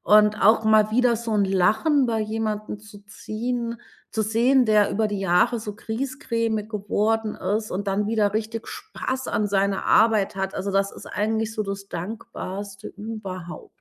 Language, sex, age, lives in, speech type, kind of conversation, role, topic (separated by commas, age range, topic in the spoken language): German, female, 40-44, Germany, conversation, podcast, guest, Wie entdeckst du deine Stärken und Talente?